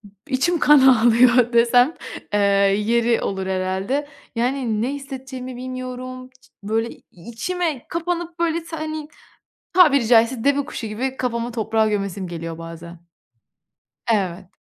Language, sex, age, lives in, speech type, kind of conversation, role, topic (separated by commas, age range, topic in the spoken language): Turkish, female, 25-29, Italy, conversation, advice, user, Topluluk içinde çekingenliğimi nasıl aşarak daha rahat ve etkin katılım sağlayabilirim?